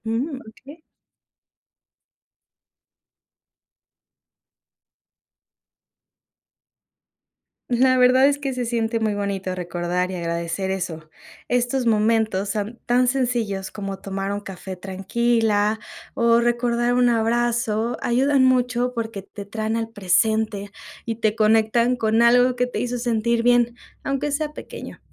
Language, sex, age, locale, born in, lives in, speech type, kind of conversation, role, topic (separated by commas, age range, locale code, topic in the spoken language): Spanish, female, 25-29, es-MX, Mexico, Mexico, read, expert-assistant, expert, El papel de la gratitud en el bienestar personal